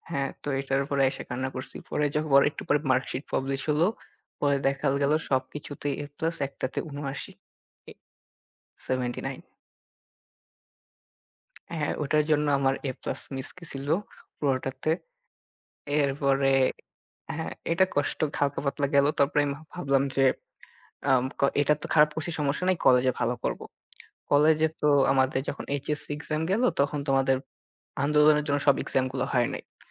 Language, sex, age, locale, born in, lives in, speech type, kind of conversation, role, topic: Bengali, male, 18-19, bn-IN, Bangladesh, Bangladesh, conversation, unstructured, none, তোমার শৈশবের সবচেয়ে কষ্টদায়ক স্মৃতি কী?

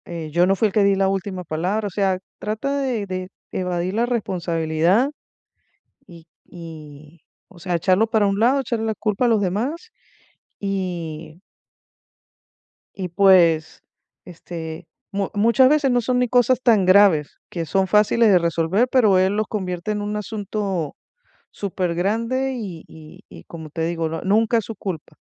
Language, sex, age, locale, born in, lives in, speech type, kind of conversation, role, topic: Spanish, female, 50-54, es-VE, Venezuela, United States, conversation, advice, user, ¿Cómo puedo ofrecer retroalimentación sin que la otra persona se ponga a la defensiva?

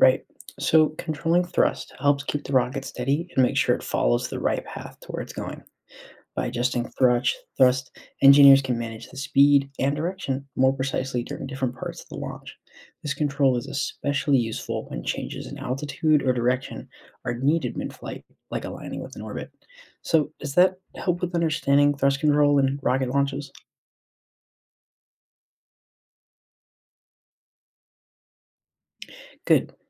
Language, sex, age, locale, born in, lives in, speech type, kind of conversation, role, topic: English, male, 20-24, en-US, United States, United States, read, expert-assistant, expert, How do rockets launch into space?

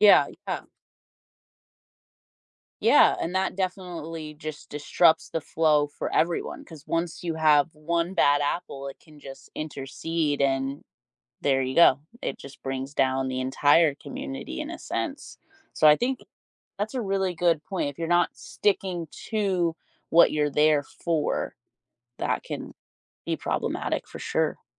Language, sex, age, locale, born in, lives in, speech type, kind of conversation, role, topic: English, female, 30-34, en-US, United States, United States, conversation, podcast, host, What makes a community strong to you?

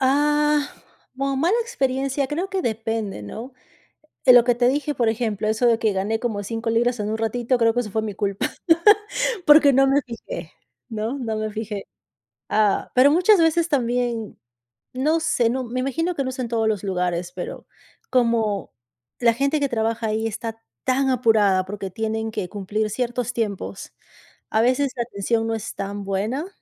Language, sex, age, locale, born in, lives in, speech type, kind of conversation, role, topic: Spanish, female, 35-39, es-PE, Peru, United States, conversation, unstructured, none, ¿Qué es lo que más te molesta de las cadenas de comida rápida?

